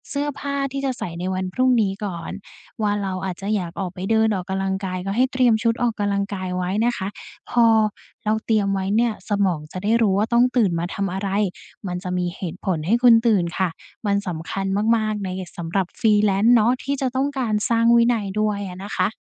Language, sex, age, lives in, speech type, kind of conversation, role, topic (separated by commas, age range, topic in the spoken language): Thai, female, 20-24, Thailand, conversation, advice, advisor, จะมีวิธีใดบ้างที่จะตื่นเช้าอย่างมีพลังและสดชื่น?